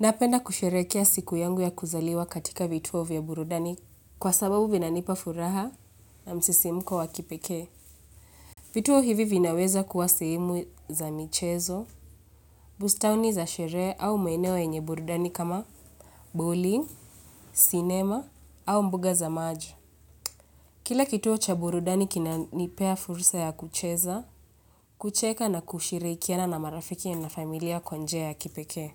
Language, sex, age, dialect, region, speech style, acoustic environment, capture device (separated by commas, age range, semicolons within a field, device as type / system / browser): Swahili, female, 25-34, Kenya - Nairobi Sheng-influenced, Kenya, relaxed; focused, nature; appliances, mobile / Linux / Chrome